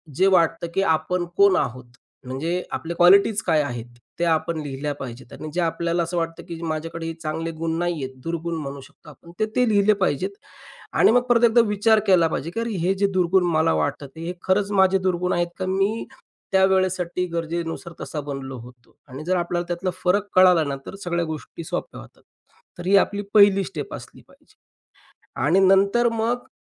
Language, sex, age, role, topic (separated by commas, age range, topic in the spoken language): Marathi, male, 35-39, guest, दैनंदिन आयुष्यात तुम्ही आत्मसहानुभूतीचा वापर कसा करता?